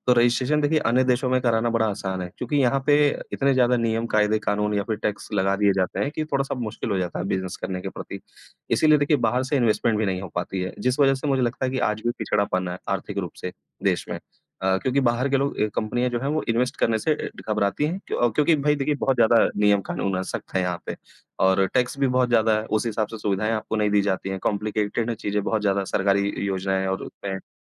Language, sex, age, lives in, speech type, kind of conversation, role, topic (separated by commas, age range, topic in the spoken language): Hindi, male, 35-39, India, conversation, unstructured, none, सरकार की नई नीतियां आपकी ज़िंदगी पर क्या असर डालेंगी?